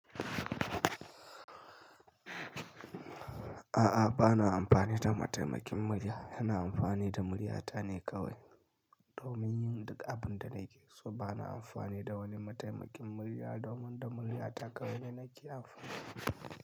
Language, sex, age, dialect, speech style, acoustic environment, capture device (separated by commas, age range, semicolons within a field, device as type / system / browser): Hausa, male, 25-34, Nigeria - Kano, happy; anxious; tired; relaxed; stressed; focused; excited; nervous, people talking; music; nature; traffic; construction; appliances; silence, mobile / Linux / Chrome